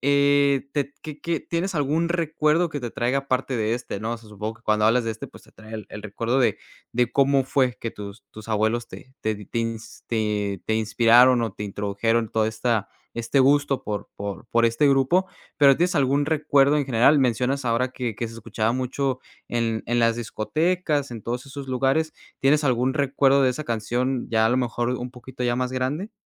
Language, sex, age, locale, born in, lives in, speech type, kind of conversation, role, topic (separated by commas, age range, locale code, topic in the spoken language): Spanish, male, 20-24, es-MX, Mexico, United States, conversation, podcast, host, ¿Cuál es tu canción favorita de todos los tiempos?